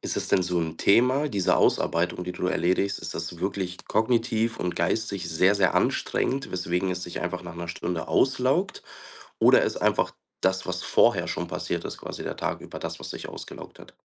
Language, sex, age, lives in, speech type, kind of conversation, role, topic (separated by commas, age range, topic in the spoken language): German, male, 25-29, Germany, conversation, advice, advisor, Wie kann ich es schaffen, länger tief konzentriert zu arbeiten?